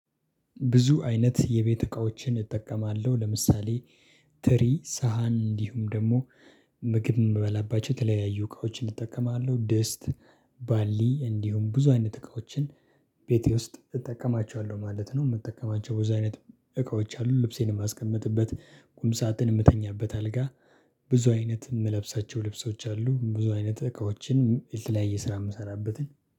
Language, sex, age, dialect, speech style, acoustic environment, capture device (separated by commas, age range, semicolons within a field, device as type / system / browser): Amharic, male, 25-34, Ethiopia - Addis Ababa, happy, silence, desktop / Linux / Chrome